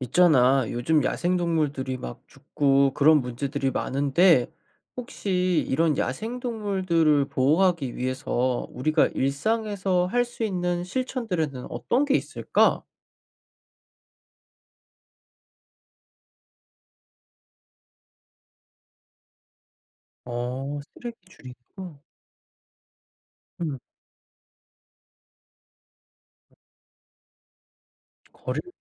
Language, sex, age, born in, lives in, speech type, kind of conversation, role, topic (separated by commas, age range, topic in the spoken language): Korean, male, 25-29, South Korea, United States, read, expert-assistant, asker, 야생동물 보호를 위해 우리가 할 수 있는 실천은 무엇인가요?